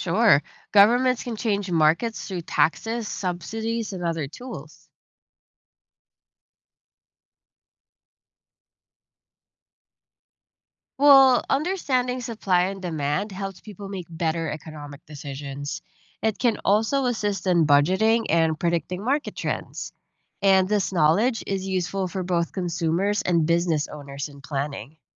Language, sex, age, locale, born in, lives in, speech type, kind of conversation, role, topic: English, female, 30-34, en-US, Philippines, United States, read, expert-assistant, expert, What is supply and demand in economics?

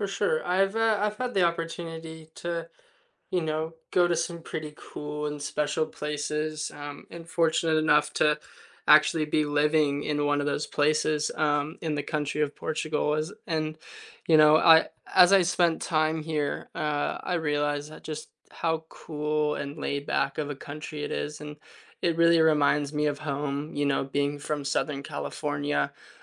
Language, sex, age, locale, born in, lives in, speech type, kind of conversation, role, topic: English, male, 20-24, en-US, United States, United States, conversation, unstructured, none, What is the best way to save money while traveling?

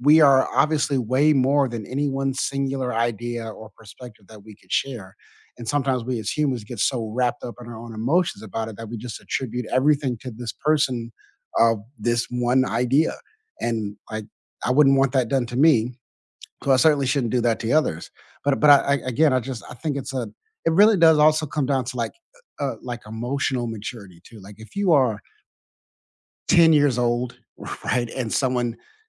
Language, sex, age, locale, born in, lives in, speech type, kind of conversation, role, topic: English, male, 35-39, en-US, United States, United States, conversation, unstructured, none, How do you maintain respect and empathy during heated disagreements to stay connected?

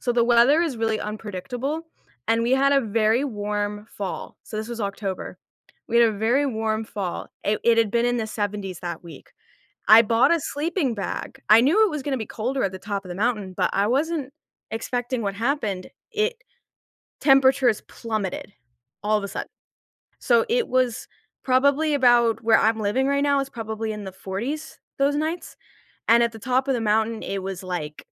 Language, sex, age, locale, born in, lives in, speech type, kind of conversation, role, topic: English, female, 25-29, en-US, United States, United States, conversation, podcast, guest, How does spending time in nature affect your well-being and outlook on life?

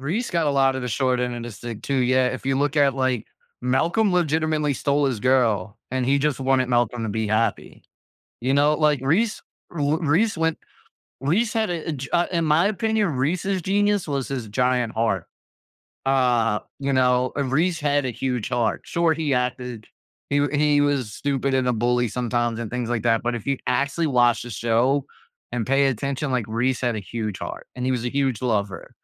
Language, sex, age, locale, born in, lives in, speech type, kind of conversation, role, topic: English, male, 35-39, en-US, United States, United States, conversation, podcast, host, How do siblings influence each other as they grow?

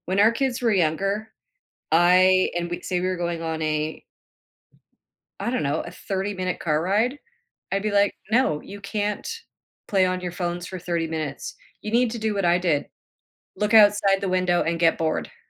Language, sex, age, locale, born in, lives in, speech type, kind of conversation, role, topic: English, female, 50-54, en-CA, Canada, United States, conversation, podcast, guest, What are some effective ways to create a healthier balance between technology use and everyday life?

